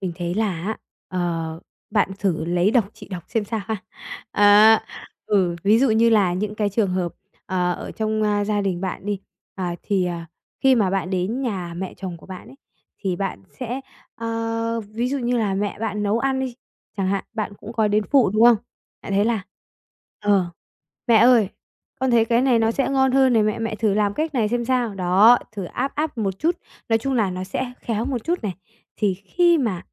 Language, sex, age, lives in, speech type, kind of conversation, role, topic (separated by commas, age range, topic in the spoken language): Vietnamese, female, 45-49, Vietnam, conversation, advice, advisor, Làm thế nào để trao đổi về ranh giới cá nhân với gia đình khi bạn gặp khó khăn?